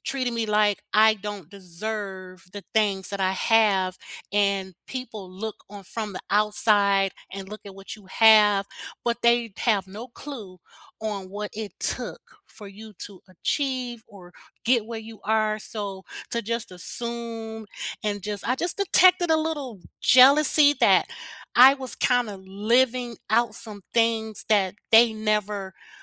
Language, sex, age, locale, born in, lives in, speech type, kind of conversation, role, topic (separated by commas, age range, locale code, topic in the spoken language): English, female, 55-59, en-US, United States, United States, conversation, unstructured, none, How can you set gentle boundaries that bring you closer, not apart?